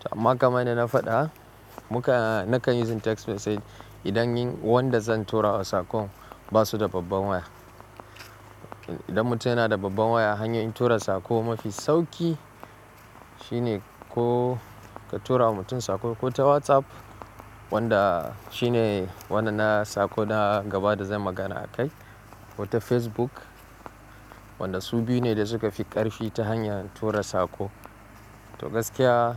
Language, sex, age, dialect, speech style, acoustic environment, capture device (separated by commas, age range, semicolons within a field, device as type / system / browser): Hausa, male, 25-34, Nigeria - Kano, relaxed; focused, silence; nature; construction, mobile / Linux / Chrome